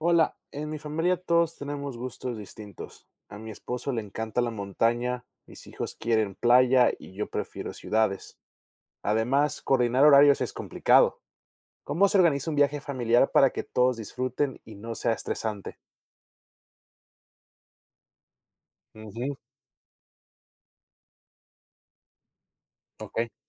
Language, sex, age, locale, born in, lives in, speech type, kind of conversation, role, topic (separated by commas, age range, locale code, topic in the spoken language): Spanish, male, 25-29, es-MX, Mexico, United States, read, expert-assistant, asker, ¿Cómo organizar un viaje familiar para que todos disfruten y participen?